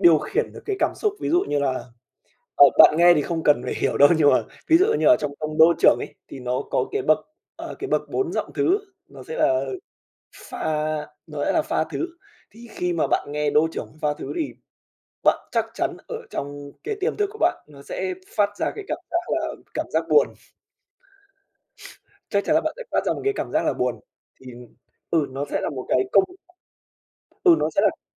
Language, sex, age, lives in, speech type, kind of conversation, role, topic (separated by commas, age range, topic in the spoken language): Vietnamese, male, 20-24, Vietnam, conversation, podcast, guest, Bạn nghĩ âm nhạc kết nối mọi người với nhau như thế nào?